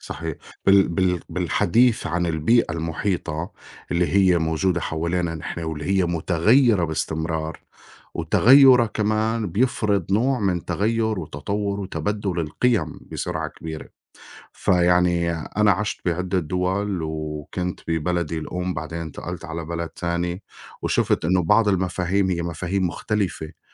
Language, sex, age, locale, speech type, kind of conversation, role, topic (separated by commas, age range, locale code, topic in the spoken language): Arabic, male, 40-44, ar-SY, conversation, unstructured, none, إيه اللي في رأيك بيخلّي الشخص صادق؟